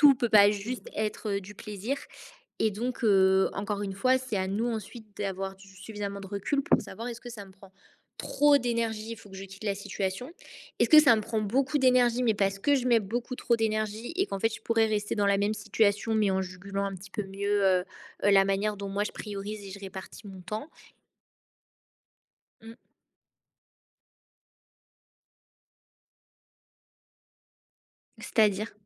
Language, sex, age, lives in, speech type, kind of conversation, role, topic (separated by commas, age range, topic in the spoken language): French, female, 20-24, France, conversation, podcast, guest, Comment fais-tu pour vraiment écouter quelqu’un ?